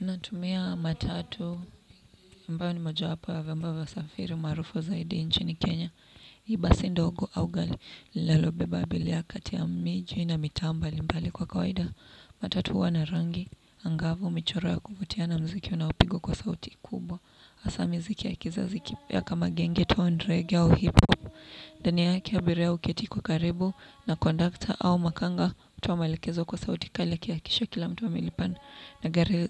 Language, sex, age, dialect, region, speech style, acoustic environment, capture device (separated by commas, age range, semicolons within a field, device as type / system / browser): Swahili, female, 18-24, Kenya - Nairobi Sheng-influenced, Kenya, focused, people talking, desktop / Windows / Chrome